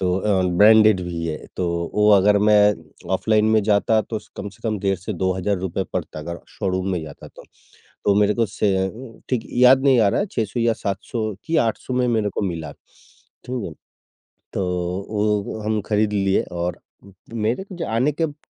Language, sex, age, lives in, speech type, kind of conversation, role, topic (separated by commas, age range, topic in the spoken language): Hindi, male, 55-59, India, conversation, podcast, guest, Budget tight ho, toh style ko naya look dena kahaan se shuru karoge?